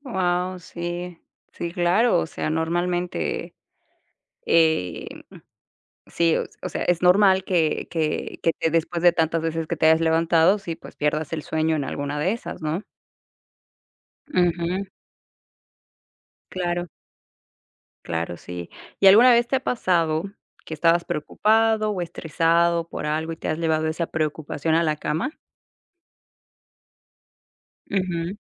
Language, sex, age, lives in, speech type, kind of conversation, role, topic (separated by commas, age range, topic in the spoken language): Spanish, female, 25-29, United States, conversation, podcast, host, ¿Qué hábitos te ayudan a dormir mejor por la noche?